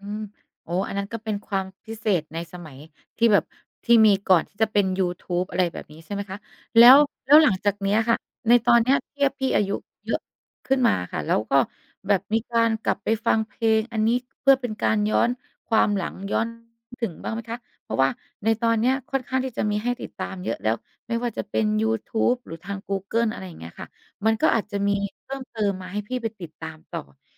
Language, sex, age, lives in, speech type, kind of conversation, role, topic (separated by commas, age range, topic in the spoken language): Thai, female, 35-39, Thailand, conversation, podcast, host, คุณช่วยเล่าเพลงที่คุณค้นพบจากหนังหรือซีรีส์ให้ฟังได้ไหม?